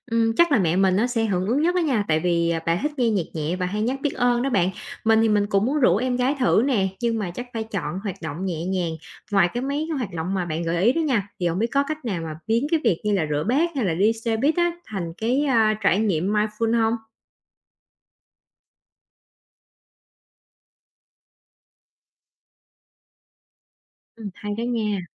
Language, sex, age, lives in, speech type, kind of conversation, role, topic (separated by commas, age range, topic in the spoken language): Vietnamese, female, 30-34, Vietnam, read, expert-assistant, asker, Bạn nghĩ có thể kết hợp các thực hành tâm linh với những hoạt động hàng ngày như thế nào để tăng ý nghĩa cho cuộc sống?